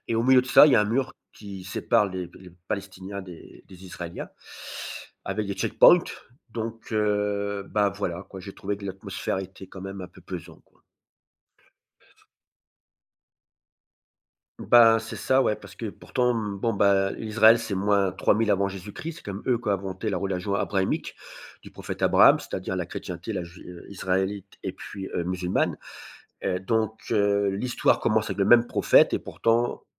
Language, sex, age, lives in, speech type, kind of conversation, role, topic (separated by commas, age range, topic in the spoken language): French, male, 50-54, France, conversation, podcast, guest, Quel voyage a changé ta vision du monde ?